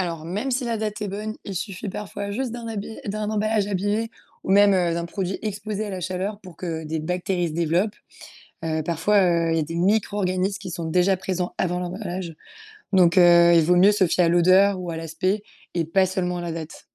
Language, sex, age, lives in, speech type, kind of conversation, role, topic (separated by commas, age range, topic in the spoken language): French, female, 20-24, France, read, expert-assistant, expert, Pourquoi certains aliments deviennent-ils parfois dangereux alors qu’on pensait qu’ils étaient sûrs ?